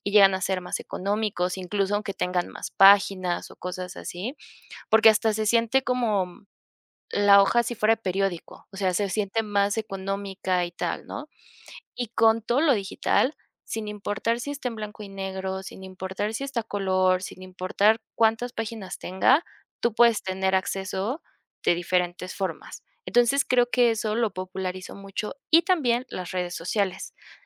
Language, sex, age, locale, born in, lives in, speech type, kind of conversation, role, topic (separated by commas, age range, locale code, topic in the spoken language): Spanish, female, 25-29, es-MX, Mexico, Mexico, conversation, podcast, guest, ¿Qué es lo que más disfrutas al leer libros o cómics?